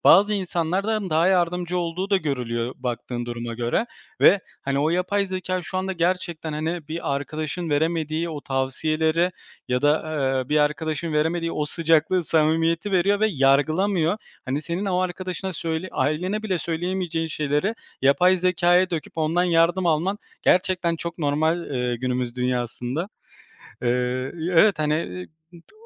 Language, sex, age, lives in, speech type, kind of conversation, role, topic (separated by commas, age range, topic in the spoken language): Turkish, male, 25-29, Poland, conversation, podcast, host, İnsanları dinleyip yanıt verirken güven oluşturan kelimeler ve ifadeler hangileridir?